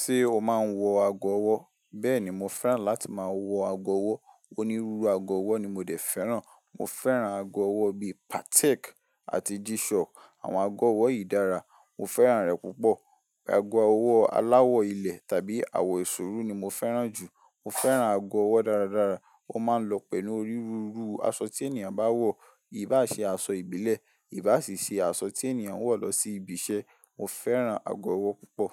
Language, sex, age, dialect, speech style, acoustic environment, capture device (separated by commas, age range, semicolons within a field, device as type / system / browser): Yoruba, male, 18-24, Nigeria - Ibadan, relaxed, silence, mobile / Linux / Chrome